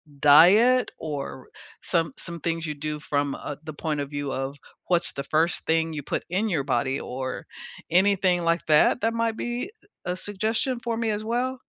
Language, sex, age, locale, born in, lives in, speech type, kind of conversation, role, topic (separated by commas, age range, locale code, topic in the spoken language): English, female, 65-69, en-US, United States, United States, conversation, unstructured, none, What does a balanced morning routine look like for you, and what makes it meaningful?